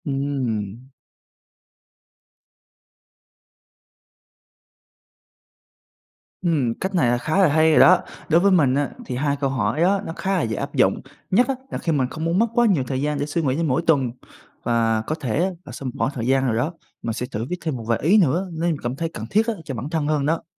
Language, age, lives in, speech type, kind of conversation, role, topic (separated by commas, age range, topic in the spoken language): Vietnamese, 20-24, Vietnam, read, expert-assistant, asker, Các bước tự đánh giá bản thân sau mỗi kỳ học